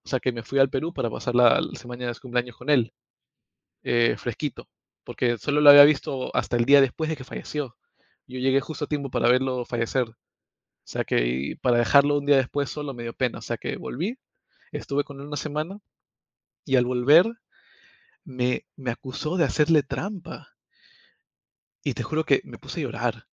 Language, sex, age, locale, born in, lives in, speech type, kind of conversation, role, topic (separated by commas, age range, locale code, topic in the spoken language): Spanish, male, 25-29, es-PE, United States, United States, conversation, unstructured, none, ¿Qué haces si sientes que tu pareja te está mintiendo?